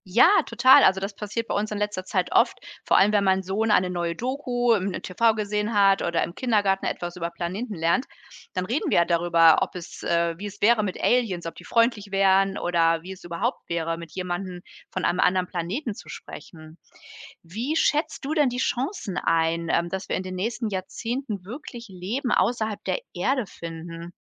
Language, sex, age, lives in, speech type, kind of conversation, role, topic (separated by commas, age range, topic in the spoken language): German, female, 45-49, Germany, read, expert-assistant, asker, Wie könnten wir in Zukunft herausfinden, ob es Leben auf anderen Planeten gibt, und was würde das für uns bedeuten?